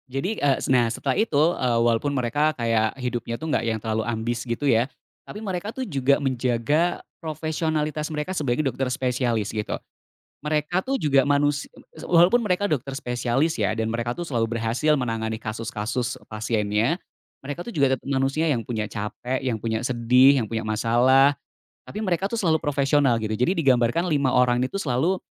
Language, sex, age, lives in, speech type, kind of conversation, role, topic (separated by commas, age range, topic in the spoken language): Indonesian, male, 35-39, Indonesia, conversation, podcast, guest, Tontonan apa yang pernah mengubah cara pandangmu?